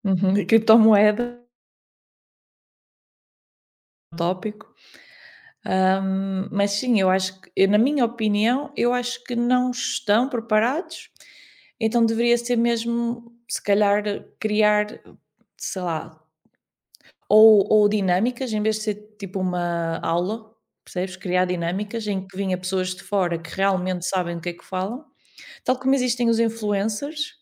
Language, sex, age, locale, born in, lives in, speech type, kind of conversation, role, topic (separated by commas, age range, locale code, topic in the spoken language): Portuguese, female, 30-34, pt-PT, Portugal, Portugal, conversation, unstructured, none, Você acha importante ensinar educação financeira na escola? Por quê?